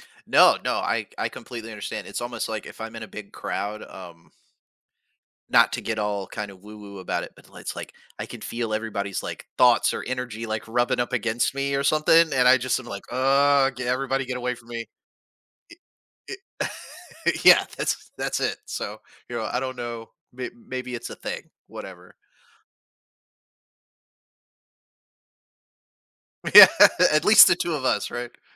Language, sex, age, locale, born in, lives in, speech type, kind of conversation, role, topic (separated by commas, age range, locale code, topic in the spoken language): English, male, 40-44, en-US, United States, United States, conversation, podcast, host, What strategies have helped you manage anxiety in your daily life?